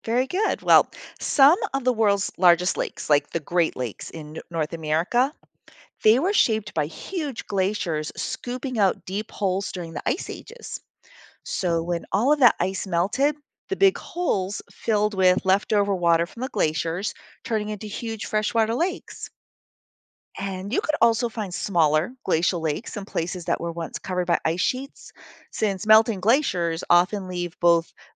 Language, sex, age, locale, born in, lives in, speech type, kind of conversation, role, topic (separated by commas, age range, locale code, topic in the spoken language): English, female, 55-59, en-US, United States, United States, read, expert-assistant, expert, How do glaciers shape the land as they move?